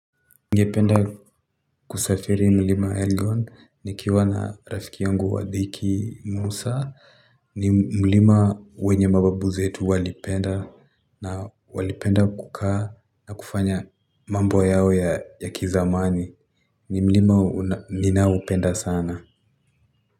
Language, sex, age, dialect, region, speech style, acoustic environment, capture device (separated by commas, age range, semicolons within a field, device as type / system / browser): Swahili, male, 18-24, Kenya - Nairobi Sheng-influenced, Kenya, happy, construction, mobile / Linux / Chrome